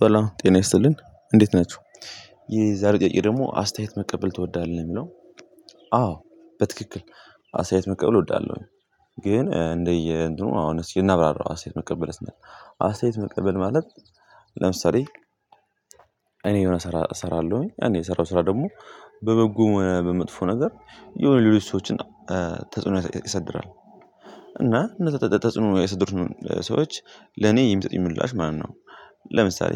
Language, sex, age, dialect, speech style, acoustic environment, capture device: Amharic, male, 18-24, Ethiopia - Gondar, anxious; nervous; happy, people talking; music; nature, mobile / Linux / Chrome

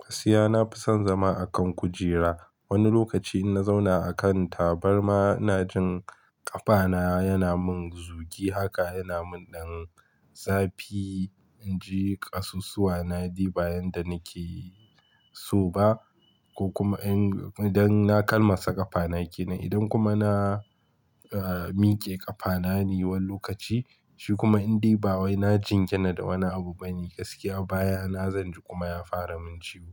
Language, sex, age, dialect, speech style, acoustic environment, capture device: Hausa, male, 25-34, Nigeria - Kano, focused; relaxed, silence, mobile / Linux / Chrome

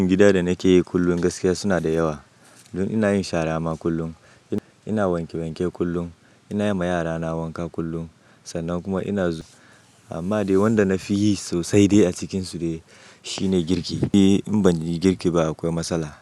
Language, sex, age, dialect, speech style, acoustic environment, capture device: Hausa, male, 25-34, Nigeria - Nigerian Standard, happy; anxious, nature; silence, mobile / macOS / Safari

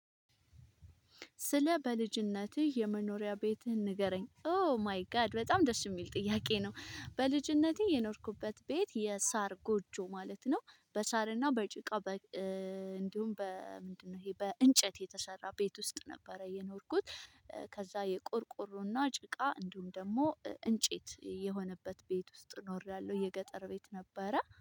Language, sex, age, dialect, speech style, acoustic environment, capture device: Amharic, female, 25-34, Ethiopia - Addis Ababa, happy; stressed; focused; relaxed, people talking, mobile / Linux / Chrome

